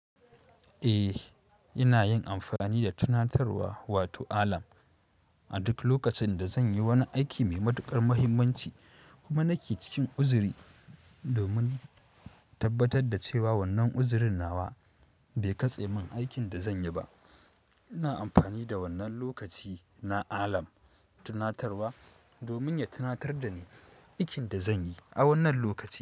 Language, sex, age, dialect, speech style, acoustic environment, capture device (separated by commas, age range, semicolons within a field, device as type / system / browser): Hausa, male, 18-24, Nigeria - Kano, happy, silence, mobile / Linux / Chrome